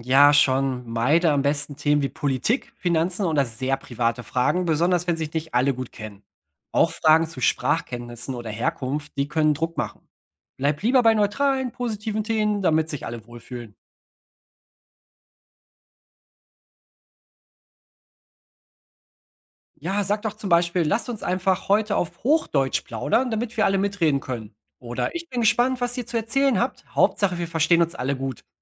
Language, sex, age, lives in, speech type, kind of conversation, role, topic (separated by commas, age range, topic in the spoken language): German, male, 30-34, Germany, read, expert-assistant, expert, Wie kann ich als Gastgeber dafür sorgen, dass sich niemand sprachlich ausgeschlossen fühlt?